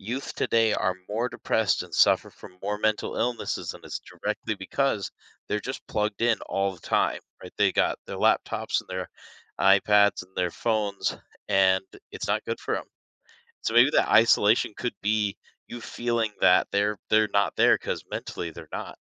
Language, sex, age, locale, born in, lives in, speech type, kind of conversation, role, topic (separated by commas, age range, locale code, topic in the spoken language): English, male, 30-34, en-US, United States, United States, conversation, advice, advisor, How can I make friends?